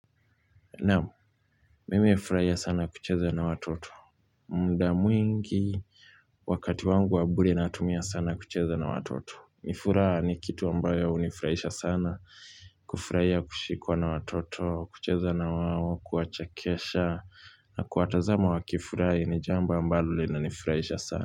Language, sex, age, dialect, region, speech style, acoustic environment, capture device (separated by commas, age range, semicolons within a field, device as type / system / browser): Swahili, male, 25-34, Kenya - Nairobi Sheng-influenced, Kenya, happy, silence, mobile / Linux / Chrome